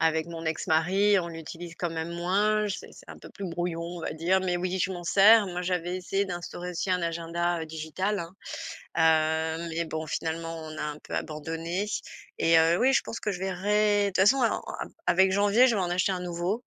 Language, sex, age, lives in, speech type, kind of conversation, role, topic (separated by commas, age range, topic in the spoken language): French, female, 45-49, France, conversation, advice, user, Comment retrouver un rythme après avoir perdu mes routines ?